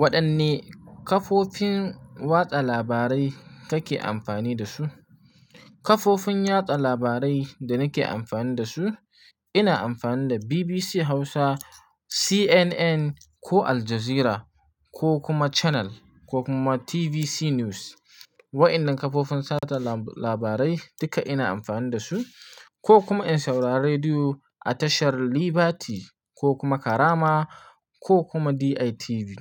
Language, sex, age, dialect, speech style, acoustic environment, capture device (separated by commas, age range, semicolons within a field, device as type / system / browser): Hausa, male, 25-34, Nigeria - Nigerian Standard, happy, silence; nature, mobile / Linux / Chrome